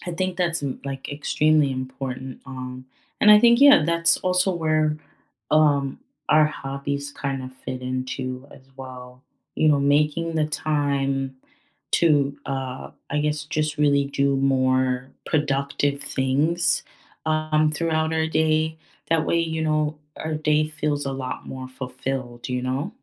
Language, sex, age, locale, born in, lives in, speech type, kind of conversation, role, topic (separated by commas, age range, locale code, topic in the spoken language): English, female, 25-29, en-US, United States, United States, conversation, unstructured, none, Which childhood hobbies would you revive today, and why?